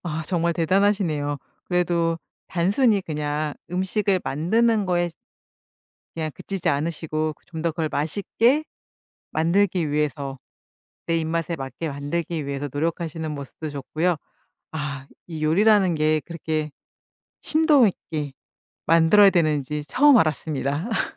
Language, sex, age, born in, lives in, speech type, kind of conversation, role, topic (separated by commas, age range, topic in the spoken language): Korean, female, 50-54, South Korea, United States, conversation, podcast, host, 요리할 때 가장 자주 만들어 먹는 음식은 무엇인가요?